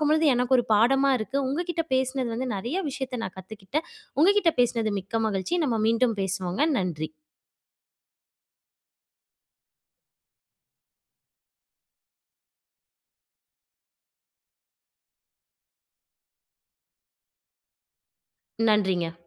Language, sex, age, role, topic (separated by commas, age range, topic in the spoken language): Tamil, female, 25-29, host, ஒரு யோசனையை சிந்தனையிலிருந்து செயல்பாட்டுக்கு கொண்டு செல்ல நீங்கள் எப்படிப் முன்னேறுவீர்கள்?